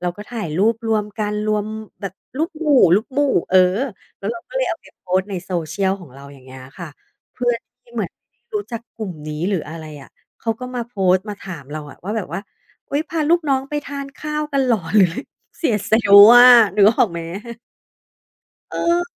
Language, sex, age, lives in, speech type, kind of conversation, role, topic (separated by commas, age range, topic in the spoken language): Thai, female, 40-44, Thailand, conversation, podcast, guest, การบาลานซ์ชีวิตกับงานสำคัญกว่าตำแหน่งงานไหม?